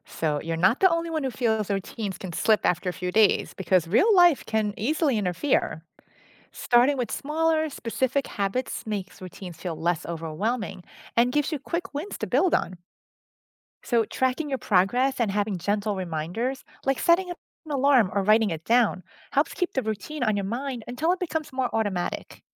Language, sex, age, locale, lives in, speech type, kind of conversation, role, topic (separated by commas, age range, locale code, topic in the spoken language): English, female, 50-54, en-US, United States, read, expert-assistant, expert, What are the benefits of having a daily routine?